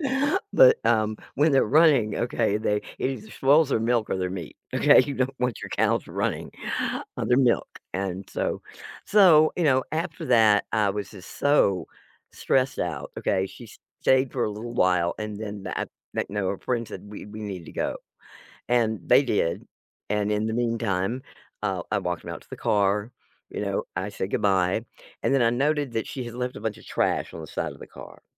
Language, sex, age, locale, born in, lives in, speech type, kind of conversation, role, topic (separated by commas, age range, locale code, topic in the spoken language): English, female, 65-69, en-US, United States, United States, conversation, advice, user, How can I manage work and family demands without burning out?